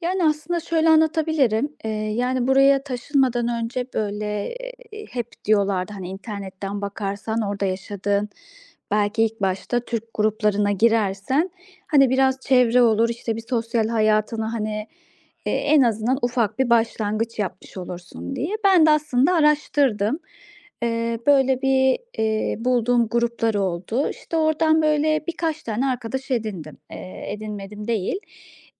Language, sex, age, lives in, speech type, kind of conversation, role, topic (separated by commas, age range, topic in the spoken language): Turkish, female, 35-39, Austria, conversation, advice, user, Yeni taşındığım yerde nasıl anlamlı arkadaşlıklar kurabilir ve sürdürebilirim?